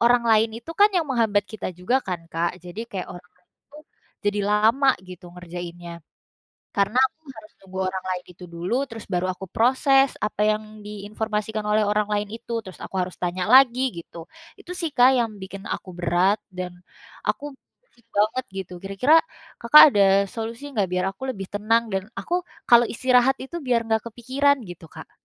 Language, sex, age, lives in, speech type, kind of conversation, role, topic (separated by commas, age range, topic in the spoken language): Indonesian, female, 20-24, Indonesia, conversation, advice, user, Bagaimana cara mengatasi kebiasaan menunda tugas penting dan sulit fokus?